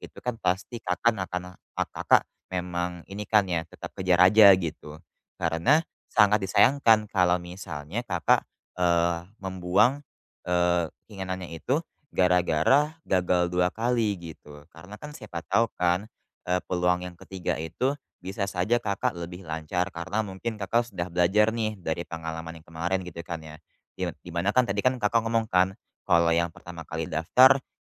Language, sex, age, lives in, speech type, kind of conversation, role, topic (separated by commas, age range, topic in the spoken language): Indonesian, male, 20-24, Indonesia, conversation, advice, advisor, Bagaimana cara mengembalikan motivasi setelah mengalami kegagalan atau menerima kritik?